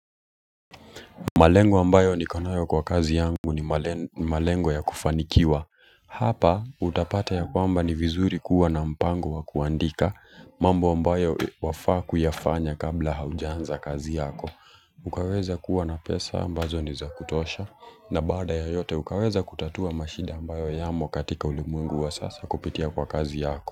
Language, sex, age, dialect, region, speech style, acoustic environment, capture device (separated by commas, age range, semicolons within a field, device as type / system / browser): Swahili, male, 25-34, Kenya - Nairobi Sheng-influenced, Kenya, focused, appliances, mobile / Linux / Chrome